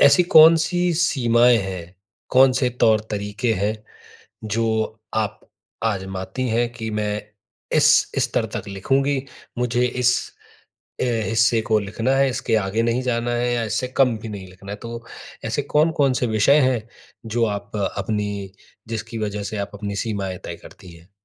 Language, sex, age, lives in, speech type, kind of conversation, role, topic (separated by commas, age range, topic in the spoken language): Hindi, male, 30-34, India, conversation, advice, advisor, सीमाओं का उपयोग करके मैं अपनी रचनात्मक रुकावट कैसे दूर कर सकता/सकती हूँ?